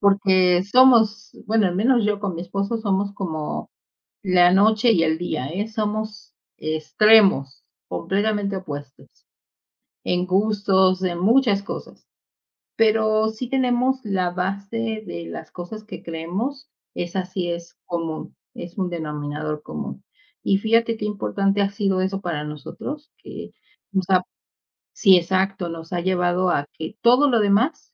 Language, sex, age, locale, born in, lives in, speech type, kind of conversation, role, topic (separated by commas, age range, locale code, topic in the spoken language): Spanish, female, 50-54, es-MX, Mexico, Mexico, conversation, unstructured, none, ¿Cómo manejas las diferencias en una relación?